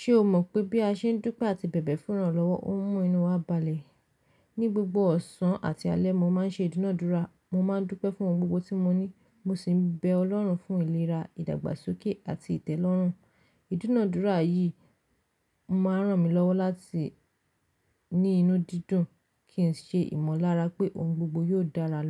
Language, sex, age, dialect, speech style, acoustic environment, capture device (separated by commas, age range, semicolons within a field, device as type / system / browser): Yoruba, female, 25-34, Nigeria - Lagos Yoruba, relaxed; focused, nature; silence, mobile / Linux / Chrome